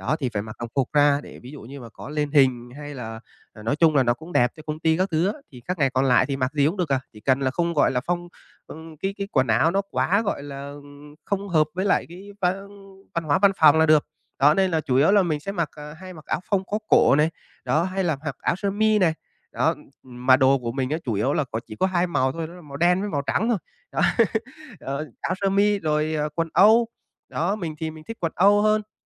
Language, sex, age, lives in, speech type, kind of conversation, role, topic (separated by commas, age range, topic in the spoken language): Vietnamese, male, 25-29, Vietnam, conversation, advice, user, Làm sao để tìm phong cách thời trang phù hợp với bản thân?